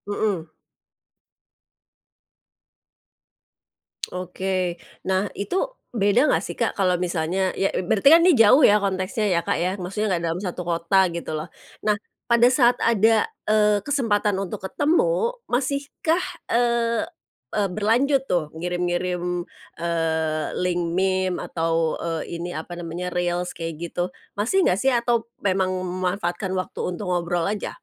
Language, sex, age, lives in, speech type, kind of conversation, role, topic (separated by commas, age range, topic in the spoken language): Indonesian, female, 45-49, Indonesia, conversation, podcast, host, Bagaimana menjaga hubungan jarak jauh agar tetap hangat?